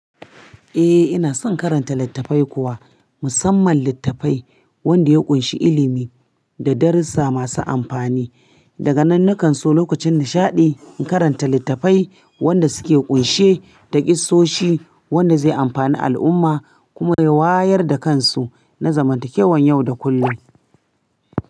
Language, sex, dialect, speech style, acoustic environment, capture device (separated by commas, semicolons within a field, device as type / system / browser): Hausa, female, Nigeria - Kano, happy, appliances, mobile / Linux / Chrome